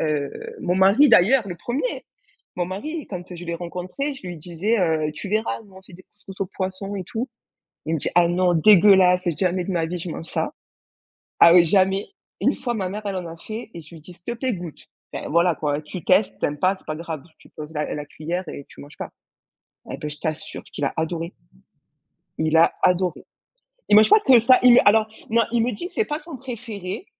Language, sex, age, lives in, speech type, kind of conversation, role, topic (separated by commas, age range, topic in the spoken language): French, female, 25-29, France, conversation, podcast, guest, As-tu une tradition culinaire dans ta famille ?